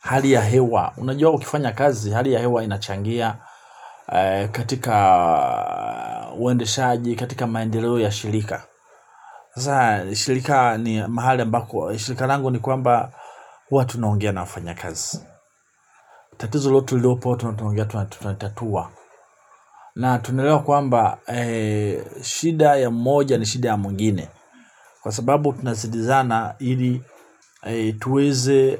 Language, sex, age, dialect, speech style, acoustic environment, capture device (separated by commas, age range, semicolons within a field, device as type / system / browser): Swahili, male, 45-54, Tanzania - Dar es Salaam, relaxed, people talking, mobile / Linux / Chrome